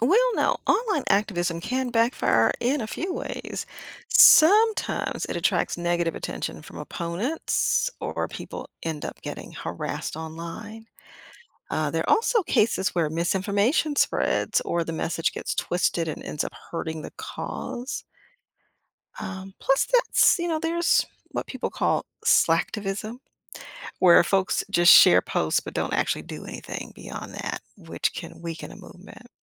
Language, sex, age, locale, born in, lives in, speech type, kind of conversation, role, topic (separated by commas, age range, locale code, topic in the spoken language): English, female, 55-59, en-US, United States, United States, read, expert-assistant, expert, Are online social movements more effective than traditional street protests in today’s world?